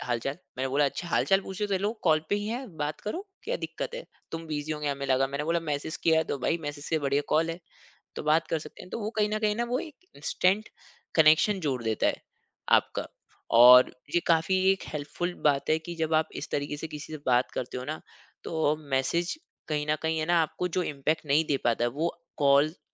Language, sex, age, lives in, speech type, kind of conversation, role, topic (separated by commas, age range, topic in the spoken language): Hindi, male, 25-29, India, conversation, podcast, guest, Text messages mein log aksar baat ka matlab ulta kaise samajh lete hain?